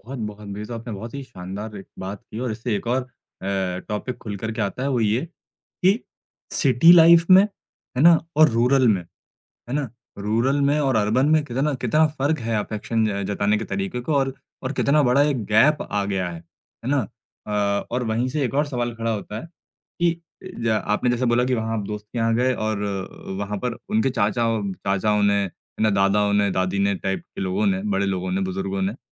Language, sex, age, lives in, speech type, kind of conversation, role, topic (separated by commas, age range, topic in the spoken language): Hindi, male, 25-29, India, conversation, podcast, host, South Asian families mein pyaar dikhane ke cultural norms kaise alag hote hain?